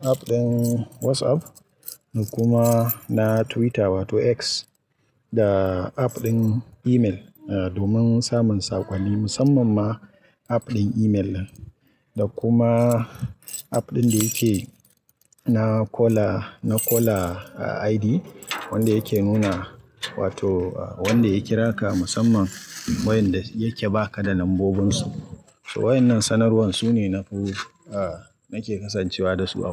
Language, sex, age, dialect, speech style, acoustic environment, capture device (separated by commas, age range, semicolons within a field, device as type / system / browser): Hausa, male, 25-34, Nigeria - Kano, relaxed; happy, people talking; nature, mobile / Linux / Chrome